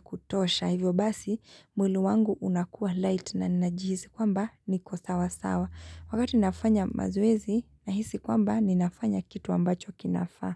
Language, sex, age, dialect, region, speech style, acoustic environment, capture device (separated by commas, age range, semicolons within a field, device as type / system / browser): Swahili, female, 25-34, Kenya - Nairobi Sheng-influenced, Kenya, happy; relaxed; excited; focused, silence, mobile / Linux / Chrome